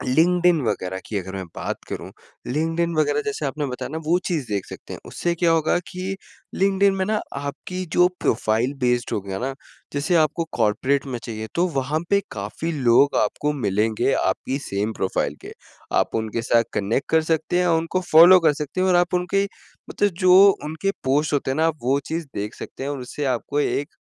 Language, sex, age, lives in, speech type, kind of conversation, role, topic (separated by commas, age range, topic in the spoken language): Hindi, male, 20-24, India, conversation, advice, advisor, आप एक प्रभावी गुरु–शिष्य संबंध कैसे शुरू करें और उसे कैसे निभाएँ?